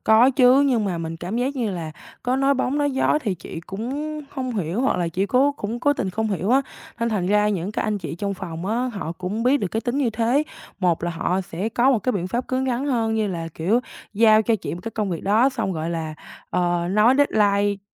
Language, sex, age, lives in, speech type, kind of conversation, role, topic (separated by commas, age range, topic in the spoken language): Vietnamese, female, 18-19, Vietnam, conversation, advice, user, Làm thế nào để đưa ra phản hồi khó nghe cho đồng nghiệp một cách tôn trọng?